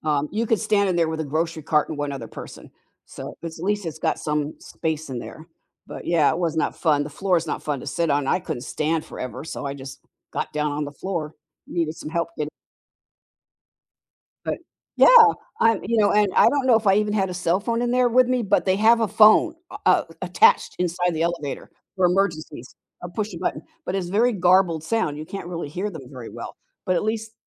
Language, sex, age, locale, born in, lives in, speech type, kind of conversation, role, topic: English, female, 70-74, en-US, United States, United States, conversation, unstructured, none, What is something surprising you have learned about your community?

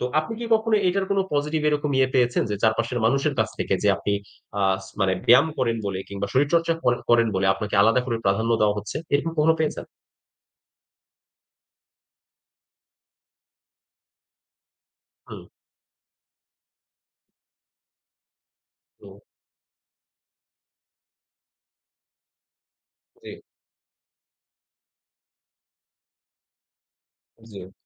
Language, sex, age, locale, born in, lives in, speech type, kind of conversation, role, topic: Bengali, male, 30-34, bn-BD, Bangladesh, Bangladesh, conversation, unstructured, none, সবার জন্য শরীরচর্চা কেন এত জরুরি?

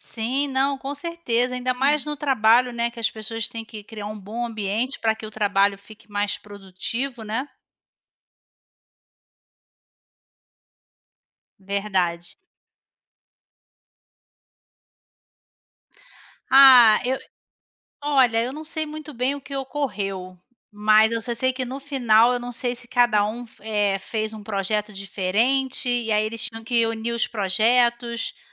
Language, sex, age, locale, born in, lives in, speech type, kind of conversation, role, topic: Portuguese, female, 40-44, pt-BR, Brazil, Spain, conversation, unstructured, none, Você já conseguiu transformar uma briga em algo positivo? Como?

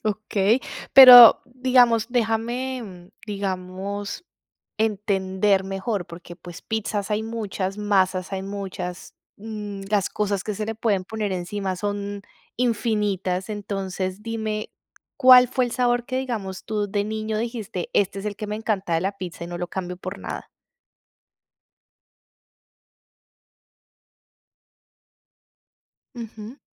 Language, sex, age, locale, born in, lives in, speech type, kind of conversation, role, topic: Spanish, female, 35-39, es-CO, Colombia, Italy, conversation, podcast, host, ¿Cuál fue la comida que te cambió la vida?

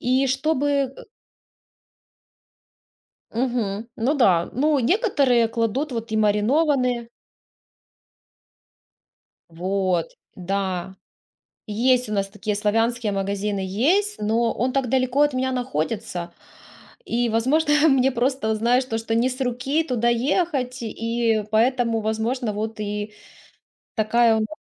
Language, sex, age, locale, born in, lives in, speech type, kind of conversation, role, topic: Russian, female, 35-39, ru-RU, Ukraine, Spain, conversation, podcast, guest, Какая семейная традиция для тебя наиболее важна?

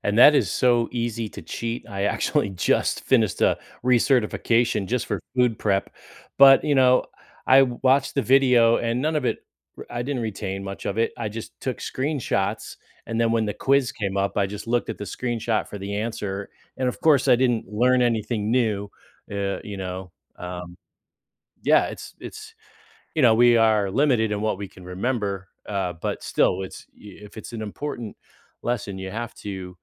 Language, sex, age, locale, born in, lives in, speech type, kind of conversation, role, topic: English, male, 50-54, en-US, United States, United States, conversation, podcast, host, How can families create healthy habits around children's use of screens?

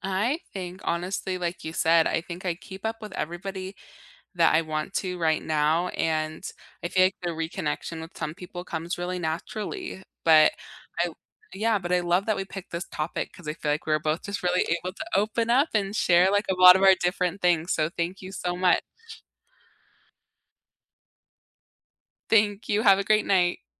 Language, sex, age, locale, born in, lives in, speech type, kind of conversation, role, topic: English, female, 20-24, en-US, United States, United States, conversation, unstructured, none, How do you keep connections alive, from handwritten letters to today's DMs?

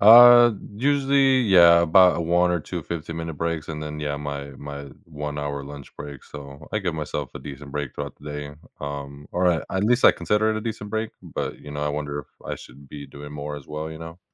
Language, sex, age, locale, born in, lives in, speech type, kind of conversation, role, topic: English, male, 25-29, en-US, United States, United States, conversation, advice, user, How can I better manage daily responsibilities that leave me feeling stressed and drained?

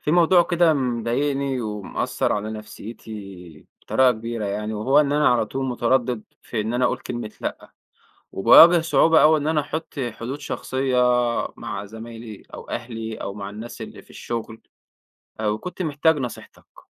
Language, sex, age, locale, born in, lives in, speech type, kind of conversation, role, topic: Arabic, male, 25-29, ar-EG, Egypt, Egypt, conversation, advice, user, إزاي أبطل أتردد إني أقول لا وأحط حدود شخصية؟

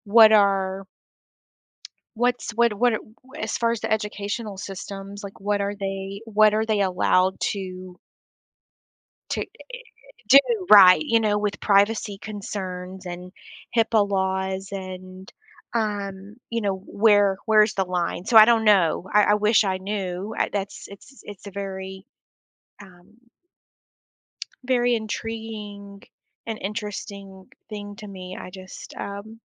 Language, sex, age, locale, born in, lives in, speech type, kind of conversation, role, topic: English, female, 35-39, en-US, United States, United States, conversation, podcast, guest, What are some practical ways schools can promote student mental well-being?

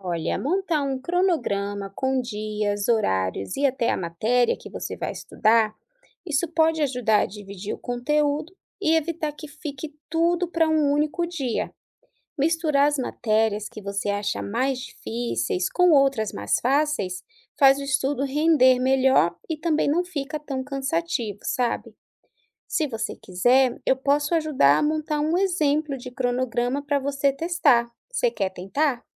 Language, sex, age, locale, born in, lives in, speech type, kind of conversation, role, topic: Portuguese, female, 30-34, pt-BR, Brazil, United States, read, expert-assistant, expert, Como criar hábitos saudáveis para melhorar o rendimento escolar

